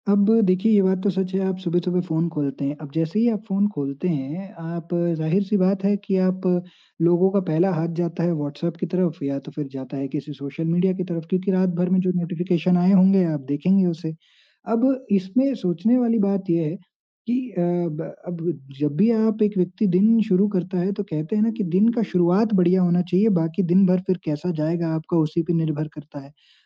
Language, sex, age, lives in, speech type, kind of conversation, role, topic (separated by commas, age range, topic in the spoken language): Hindi, male, 20-24, India, conversation, podcast, guest, सुबह उठते ही फोन न खोलने की आदत आप कैसे बना सकते हैं?